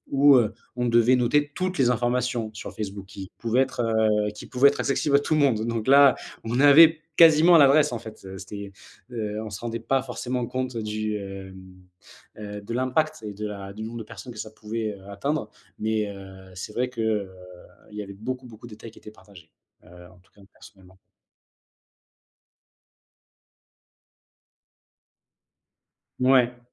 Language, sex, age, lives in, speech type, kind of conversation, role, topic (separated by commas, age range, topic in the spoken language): French, male, 25-29, France, conversation, unstructured, none, Penses-tu que les gens parlent trop de leur vie en ligne ?